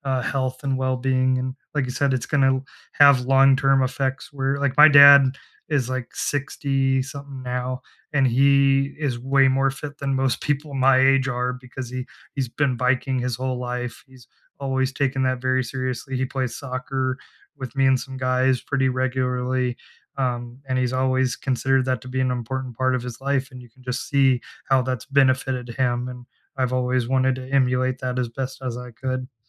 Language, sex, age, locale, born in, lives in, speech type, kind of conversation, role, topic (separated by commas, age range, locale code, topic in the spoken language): English, male, 30-34, en-US, United States, United States, conversation, unstructured, none, What simple self-care habits do you actually keep doing?